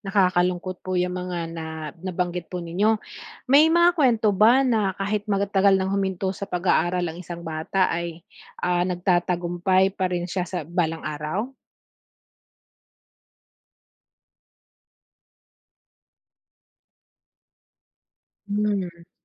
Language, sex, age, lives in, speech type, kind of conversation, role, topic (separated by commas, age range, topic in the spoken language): Filipino, female, 40-44, Philippines, read, expert-assistant, asker, Ano ang mga dahilan ng pagtaas ng bilang ng mga batang hindi pumapasok sa paaralan?